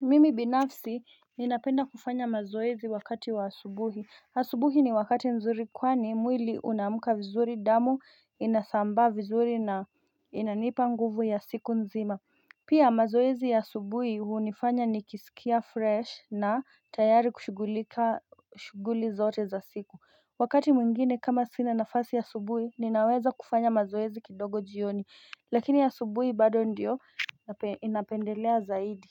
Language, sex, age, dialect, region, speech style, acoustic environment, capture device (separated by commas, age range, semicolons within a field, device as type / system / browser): Swahili, female, 25-34, Kenya - Nairobi Sheng-influenced, Kenya, happy; focused, nature, mobile / Linux / Chrome